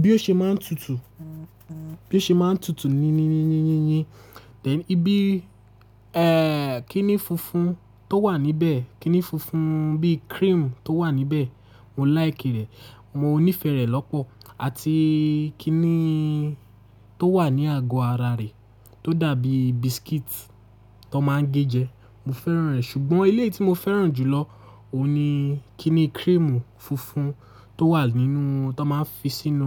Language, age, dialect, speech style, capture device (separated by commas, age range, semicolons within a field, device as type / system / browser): Yoruba, 18-24, Nigeria - Lagos Yoruba, relaxed, mobile / Linux / Chrome